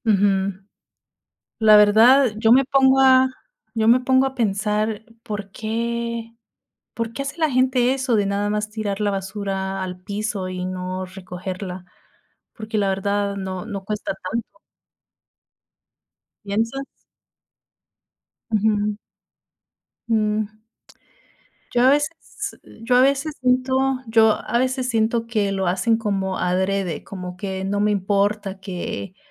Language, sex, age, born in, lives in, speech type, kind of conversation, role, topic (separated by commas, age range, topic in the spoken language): Spanish, female, 30-34, United States, United States, conversation, unstructured, none, ¿Qué hábitos diarios podríamos cambiar para ayudar al medio ambiente?